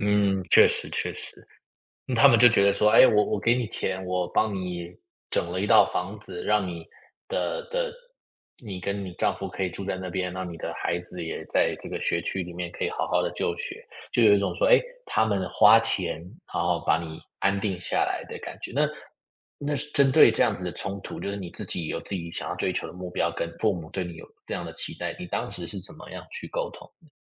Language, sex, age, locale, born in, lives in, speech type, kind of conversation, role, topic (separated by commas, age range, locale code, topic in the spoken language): Chinese, male, 25-29, zh-TW, United States, United States, conversation, podcast, host, 你怎么看待父母用钱来表达爱的方式？